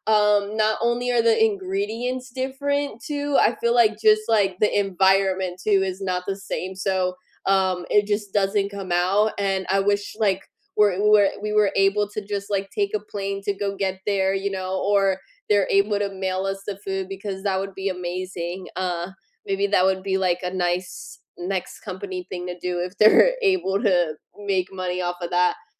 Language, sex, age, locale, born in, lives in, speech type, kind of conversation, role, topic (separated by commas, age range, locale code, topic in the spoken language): English, female, 25-29, en-US, United States, United States, conversation, unstructured, none, What comfort food always hits the spot for you?